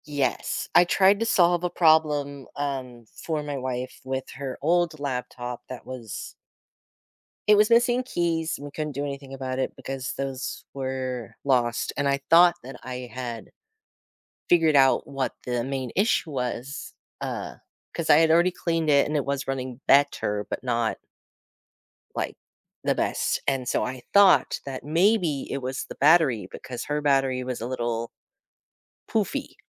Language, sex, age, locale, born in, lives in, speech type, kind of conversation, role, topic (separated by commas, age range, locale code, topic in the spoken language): English, male, 30-34, en-US, United States, United States, conversation, unstructured, none, What’s a time you solved a problem that made you proud?